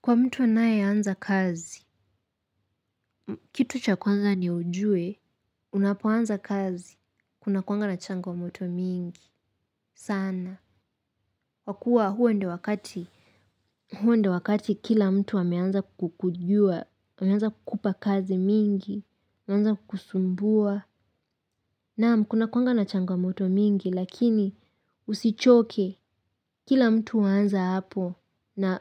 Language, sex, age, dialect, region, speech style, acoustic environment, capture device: Swahili, female, 18-24, Kenya - Nairobi Sheng-influenced, Kenya, happy; excited; relaxed, silence, mobile / Linux / Chrome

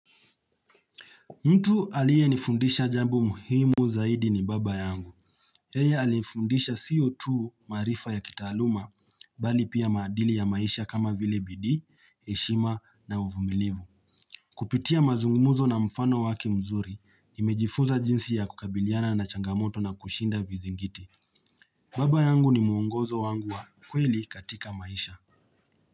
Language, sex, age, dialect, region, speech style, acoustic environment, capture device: Swahili, male, 25-34, Kenya - Nairobi Sheng-influenced, Kenya, relaxed; focused; happy, nature; silence, mobile / Linux / Chrome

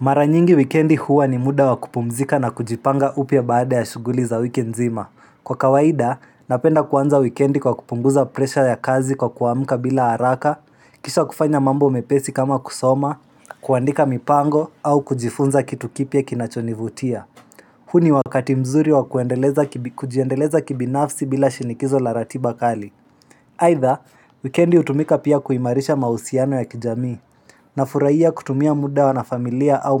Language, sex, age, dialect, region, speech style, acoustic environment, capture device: Swahili, male, 18-24, Kenya - Nairobi Sheng-influenced, Kenya, happy, silence, mobile / Linux / Chrome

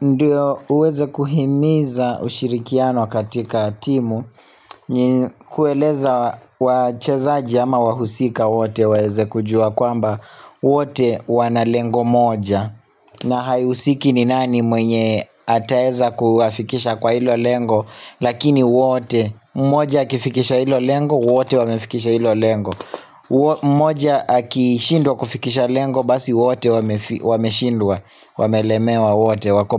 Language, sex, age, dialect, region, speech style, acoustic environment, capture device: Swahili, male, 25-34, Kenya - Nairobi Sheng-influenced, Kenya, relaxed, people talking; silence, mobile / Linux / Chrome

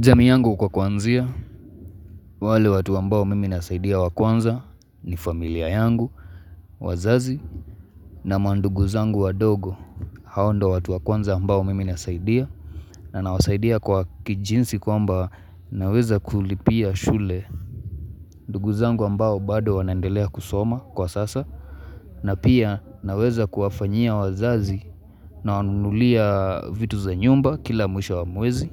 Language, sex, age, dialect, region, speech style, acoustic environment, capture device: Swahili, male, 25-34, Kenya - Mombasa, Kenya, relaxed, nature, mobile / Linux / Chrome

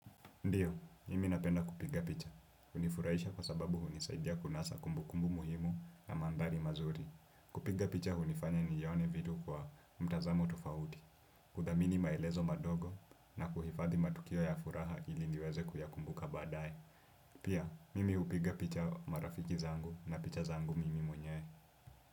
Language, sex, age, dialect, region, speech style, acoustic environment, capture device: Swahili, male, 18-24, Kenya - Nairobi Sheng-influenced, Kenya, relaxed, silence, desktop / Windows / Chrome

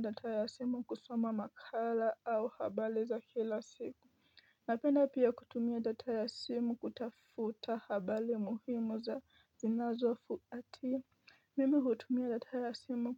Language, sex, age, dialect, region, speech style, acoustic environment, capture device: Swahili, female, 18-24, Kenya - Nairobi Sheng-influenced, Kenya, stressed; focused; excited; anxious, nature; appliances; construction, mobile / Linux / Chrome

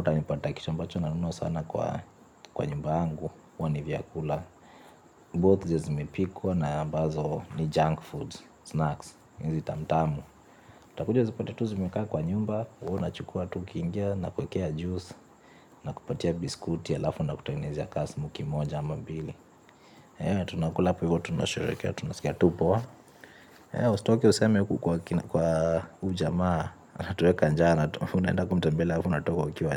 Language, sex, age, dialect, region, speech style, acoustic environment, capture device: Swahili, male, 25-34, Kenya - Nairobi Sheng-influenced, Kenya, relaxed; tired, appliances, mobile / Linux / Chrome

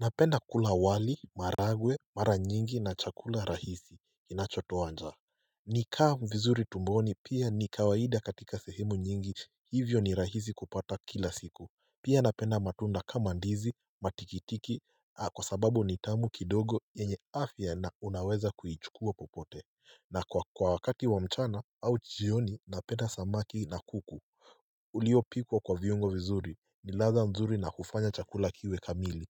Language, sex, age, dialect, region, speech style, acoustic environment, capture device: Swahili, male, 18-24, Kenya - Mombasa, Kenya, happy; relaxed, silence, mobile / Linux / Chrome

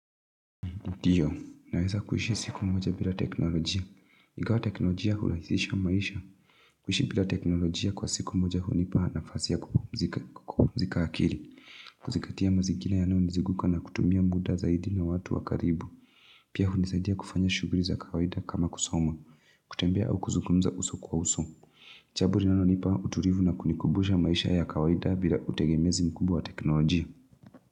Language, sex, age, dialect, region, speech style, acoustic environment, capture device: Swahili, female, 25-34, Kenya - Nairobi Sheng-influenced, Kenya, relaxed, silence, mobile / Linux / Chrome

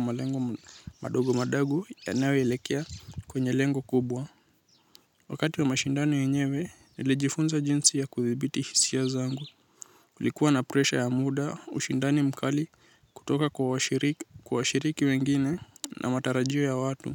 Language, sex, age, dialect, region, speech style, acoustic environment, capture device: Swahili, male, 18-24, Kenya - Nairobi Sheng-influenced, Kenya, anxious; nervous; excited; tired, nature, mobile / Linux / Chrome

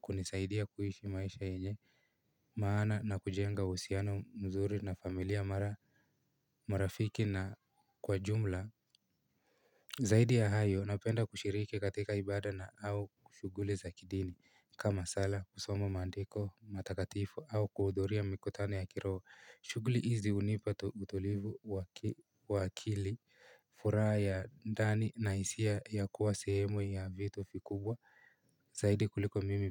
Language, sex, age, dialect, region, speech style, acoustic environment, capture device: Swahili, male, 18-24, Kenya - Nairobi Sheng-influenced, Kenya, happy, people talking, mobile / Linux / Chrome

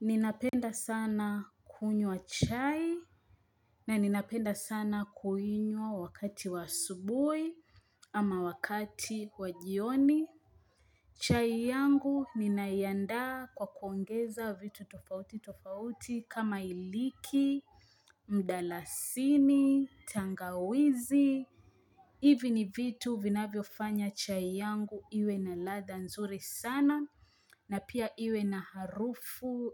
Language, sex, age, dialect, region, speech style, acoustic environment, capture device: Swahili, female, 25-34, Kenya - Mombasa, Kenya, happy, silence, mobile / Linux / Chrome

